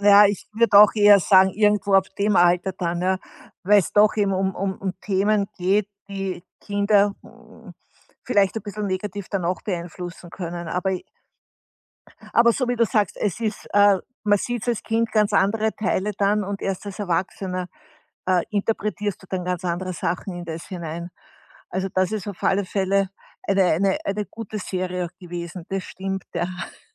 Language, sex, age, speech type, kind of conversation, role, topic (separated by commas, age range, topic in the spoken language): German, female, 60-64, conversation, podcast, host, Warum erinnern uns alte Serien oft so stark an unsere Jugend?